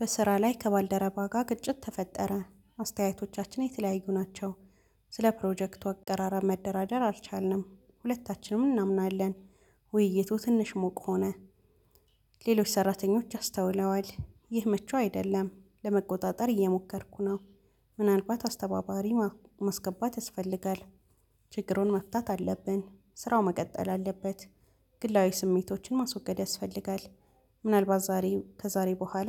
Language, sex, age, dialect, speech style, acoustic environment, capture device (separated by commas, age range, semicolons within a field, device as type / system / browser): Amharic, female, 25-34, Ethiopia - Addis Ababa, relaxed; focused, nature, mobile / Linux / Chrome